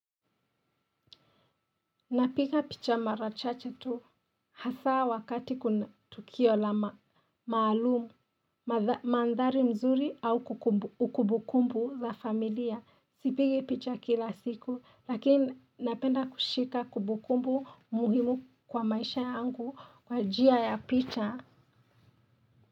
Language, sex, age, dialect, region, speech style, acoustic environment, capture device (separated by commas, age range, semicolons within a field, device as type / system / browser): Swahili, female, 25-34, Kenya - Nairobi Sheng-influenced, Kenya, excited; relaxed; happy; focused, silence; nature; appliances, mobile / Linux / Chrome